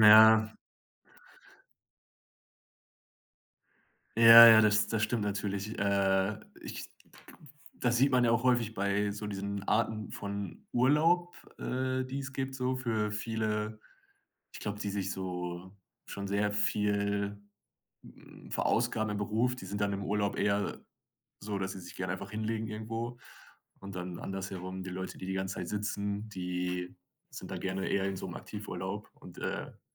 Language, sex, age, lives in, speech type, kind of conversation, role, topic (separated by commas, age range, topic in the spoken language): German, male, 25-29, Germany, conversation, podcast, guest, Was bedeutet für dich konkret eine gute Balance zwischen Arbeit und Privatleben?